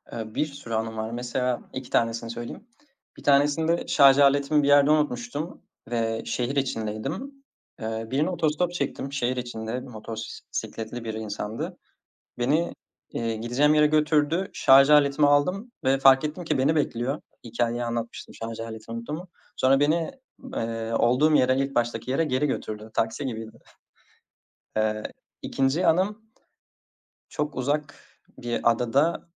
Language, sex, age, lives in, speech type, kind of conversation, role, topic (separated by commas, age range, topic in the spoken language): Turkish, male, 20-24, Netherlands, conversation, podcast, guest, Bir keşif gezisinde unutamadığın bir anıyı anlatır mısın?